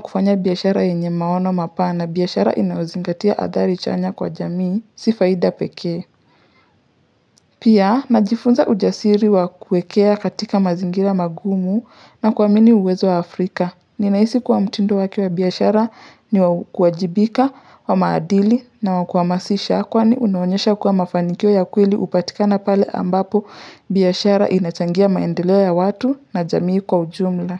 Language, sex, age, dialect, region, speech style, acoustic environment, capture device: Swahili, male, 25-34, Kenya - Nairobi Sheng-influenced, Kenya, relaxed, nature, mobile / Linux / Chrome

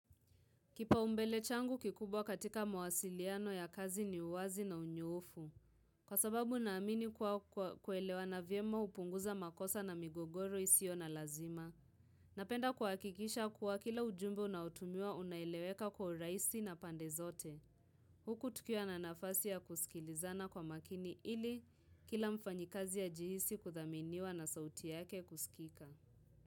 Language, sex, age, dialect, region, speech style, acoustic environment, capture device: Swahili, female, 18-24, Kenya - Nairobi Sheng-influenced, Kenya, relaxed, silence, mobile / Linux / Chrome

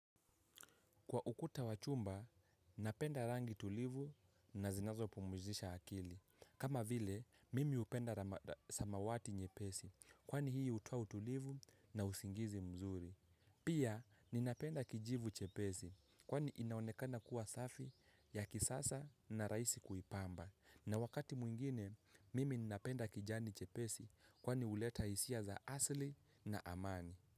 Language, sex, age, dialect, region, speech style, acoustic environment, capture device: Swahili, male, 18-24, Kenya - Mombasa, Kenya, happy; relaxed; focused, people talking; nature, mobile / Linux / Chrome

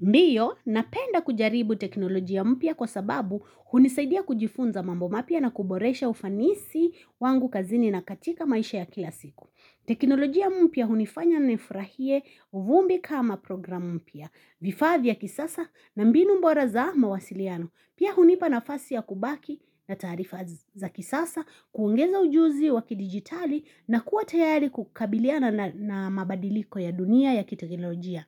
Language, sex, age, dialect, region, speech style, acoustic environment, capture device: Swahili, female, 25-34, Kenya - Nairobi Sheng-influenced, Kenya, anxious; excited; nervous, people talking, mobile / Linux / Chrome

